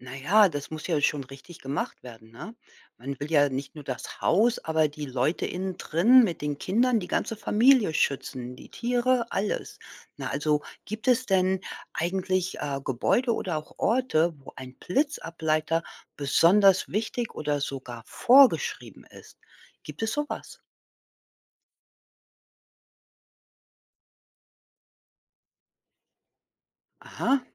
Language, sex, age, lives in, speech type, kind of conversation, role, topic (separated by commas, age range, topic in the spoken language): German, female, 55-59, United States, read, expert-assistant, asker, Wie entsteht ein Blitz?